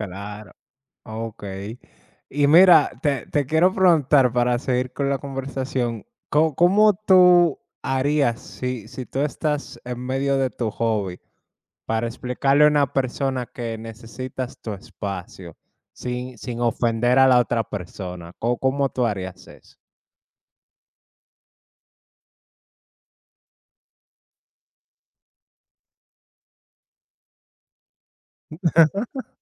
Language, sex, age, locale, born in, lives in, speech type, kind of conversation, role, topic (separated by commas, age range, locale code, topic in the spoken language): Spanish, male, 25-29, es-DO, United States, United States, conversation, unstructured, none, ¿Te enojas cuando alguien interrumpe el tiempo que dedicas a tu pasatiempo?